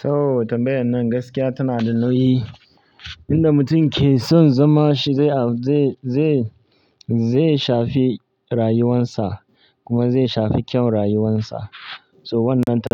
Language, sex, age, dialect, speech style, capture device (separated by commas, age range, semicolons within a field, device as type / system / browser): Hausa, male, 25-34, Nigeria - Kano, relaxed, mobile / Linux / Chrome